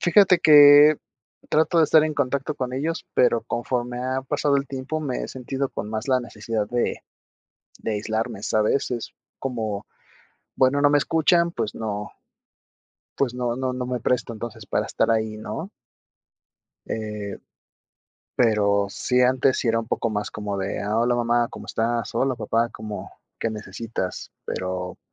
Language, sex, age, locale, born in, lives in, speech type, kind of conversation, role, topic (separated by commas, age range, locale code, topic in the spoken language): Spanish, male, 30-34, es-MX, Mexico, Mexico, conversation, advice, user, ¿Qué puedo hacer si me siento excluido de decisiones familiares importantes o de reuniones?